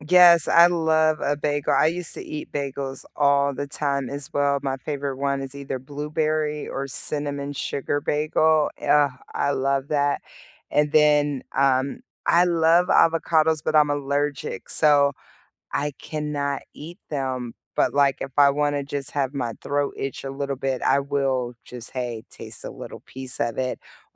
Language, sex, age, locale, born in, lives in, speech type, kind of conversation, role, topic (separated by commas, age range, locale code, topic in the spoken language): English, female, 40-44, en-US, United States, United States, conversation, unstructured, none, If you rebuilt your week from scratch, what core time blocks would you include?